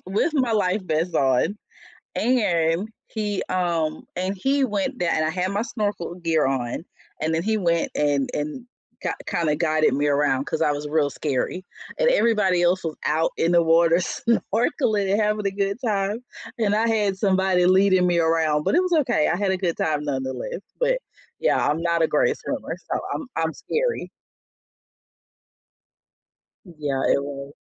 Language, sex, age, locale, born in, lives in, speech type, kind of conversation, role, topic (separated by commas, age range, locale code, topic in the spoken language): English, female, 45-49, en-US, United States, United States, conversation, unstructured, none, What natural wonder took your breath away?